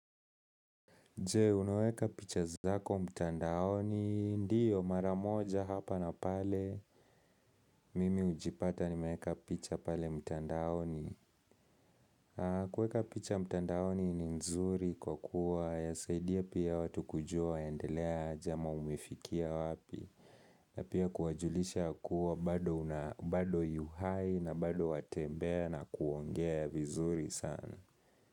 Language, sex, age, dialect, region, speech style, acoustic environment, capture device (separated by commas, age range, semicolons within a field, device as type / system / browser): Swahili, male, 18-24, Kenya - Nairobi Sheng-influenced, Kenya, focused, people talking; nature, mobile / Linux / Chrome